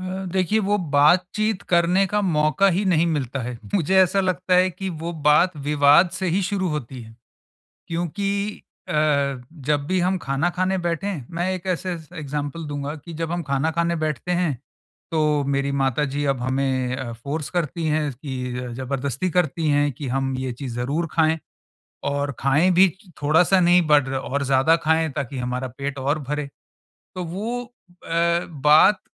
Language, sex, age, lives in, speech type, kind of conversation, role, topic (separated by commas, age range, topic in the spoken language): Hindi, male, 45-49, India, conversation, advice, user, हम पालन-पोषण से जुड़े फैसलों पर माता-पिता के बीच संवाद कैसे बेहतर बना सकते हैं?